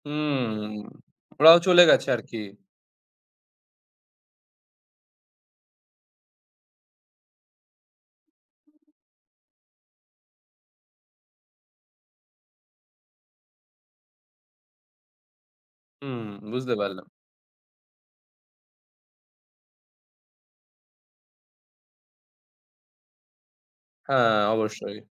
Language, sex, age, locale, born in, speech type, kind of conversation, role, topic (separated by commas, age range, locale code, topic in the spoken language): Bengali, male, 20-24, bn-IN, India, conversation, podcast, host, তোমার সবচেয়ে স্মরণীয় ভ্রমণের গল্পটা কি বলবে?